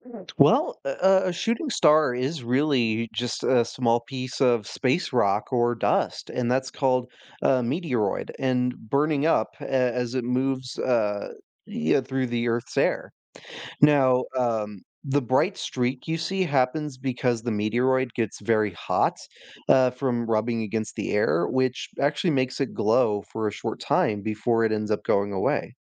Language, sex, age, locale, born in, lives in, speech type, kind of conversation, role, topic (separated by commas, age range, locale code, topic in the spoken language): English, male, 20-24, en-US, United States, United States, read, expert-assistant, expert, What causes a shooting star?